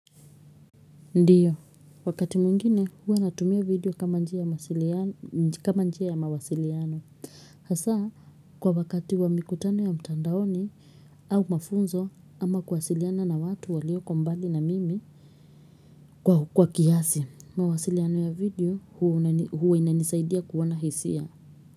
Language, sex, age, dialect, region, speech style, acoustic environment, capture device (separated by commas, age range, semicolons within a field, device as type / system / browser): Swahili, female, 25-34, Kenya - Nairobi Sheng-influenced, Kenya, relaxed, silence, mobile / Linux / Chrome